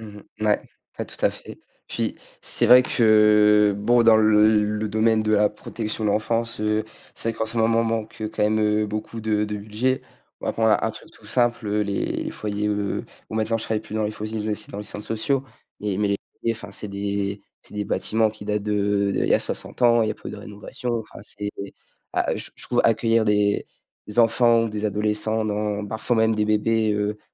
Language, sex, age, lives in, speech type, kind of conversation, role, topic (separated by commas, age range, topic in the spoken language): French, male, 18-19, France, conversation, podcast, guest, Qu’est-ce qui te guide dans le choix d’un métier ?